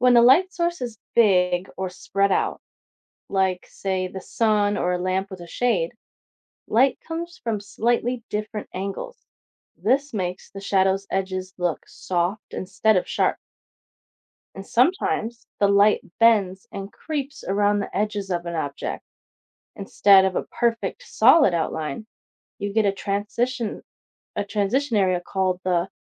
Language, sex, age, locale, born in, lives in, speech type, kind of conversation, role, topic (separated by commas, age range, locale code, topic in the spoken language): English, female, 25-29, en-US, United States, United States, read, expert-assistant, expert, What causes a shadow to form?